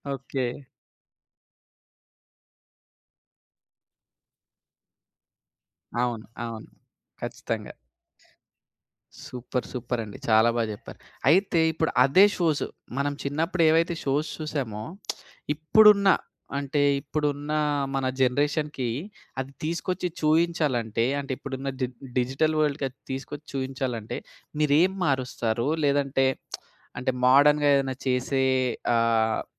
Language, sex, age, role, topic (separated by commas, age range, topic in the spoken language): Telugu, male, 25-29, host, చిన్నప్పట్లో మీకు అత్యంత ఇష్టమైన టెలివిజన్ కార్యక్రమం ఏది?